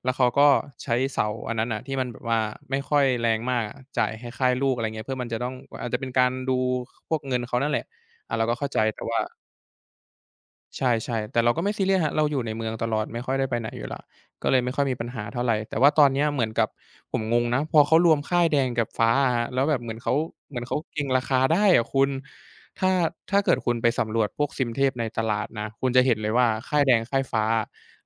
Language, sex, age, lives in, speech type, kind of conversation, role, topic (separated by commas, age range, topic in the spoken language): Thai, male, 20-24, Thailand, conversation, unstructured, none, เทคโนโลยีทำให้ชีวิตของเราง่ายขึ้นจริงหรือเปล่า?